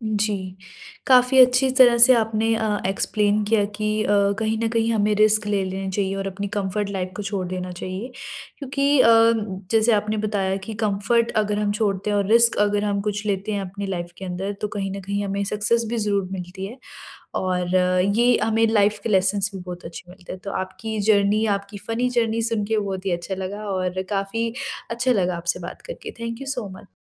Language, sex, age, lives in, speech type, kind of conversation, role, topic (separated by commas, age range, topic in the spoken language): Hindi, female, 40-44, India, conversation, podcast, host, Risk lene se pehle tum aam taur par kaise sochte ho?